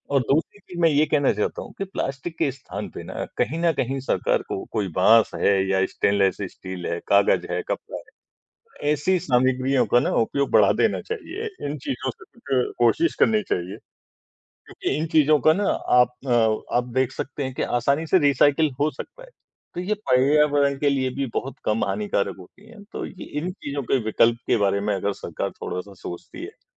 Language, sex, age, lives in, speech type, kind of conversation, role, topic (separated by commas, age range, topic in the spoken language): Hindi, male, 55-59, India, conversation, unstructured, none, क्या आप प्लास्टिक के इस्तेमाल को कम करने के पक्ष में हैं, और क्यों?